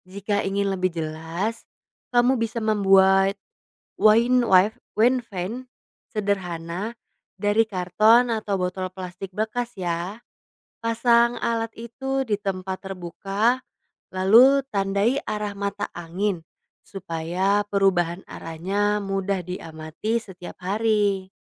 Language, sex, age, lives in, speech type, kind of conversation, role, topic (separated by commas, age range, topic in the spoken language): Indonesian, female, 20-24, Indonesia, read, expert-assistant, expert, Apa yang menyebabkan angin bertiup di bumi?